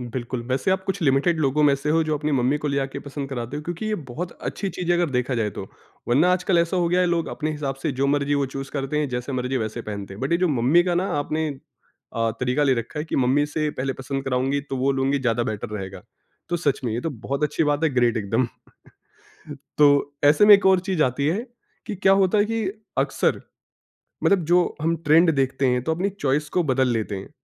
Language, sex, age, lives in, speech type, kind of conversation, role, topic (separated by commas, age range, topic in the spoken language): Hindi, male, 25-29, India, conversation, podcast, host, Tum trends aur apni asli pasand kaise balance karte ho?